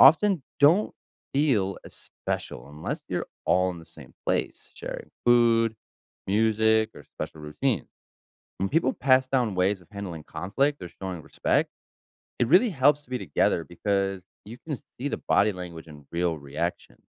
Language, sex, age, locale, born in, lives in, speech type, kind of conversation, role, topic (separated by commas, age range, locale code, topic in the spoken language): English, male, 30-34, en-US, United States, United States, read, expert-assistant, expert, How is knowledge shared between generations?